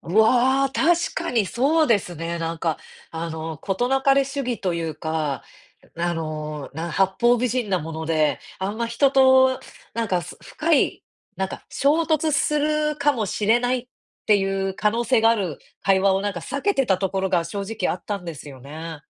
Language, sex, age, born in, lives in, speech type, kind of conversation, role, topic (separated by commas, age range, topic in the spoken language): Japanese, female, 35-39, Japan, United States, conversation, advice, user, どうすれば他人の批判と自分の価値を切り離して受け止められますか？